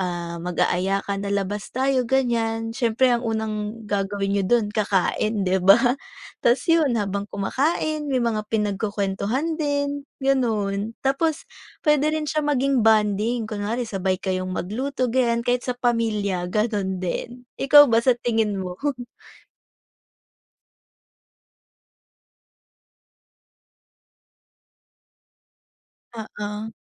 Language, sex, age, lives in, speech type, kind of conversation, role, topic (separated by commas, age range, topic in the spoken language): Filipino, female, 20-24, Philippines, conversation, unstructured, none, Paano mo ipinapakita ang pagmamahal sa pamamagitan ng pagkain?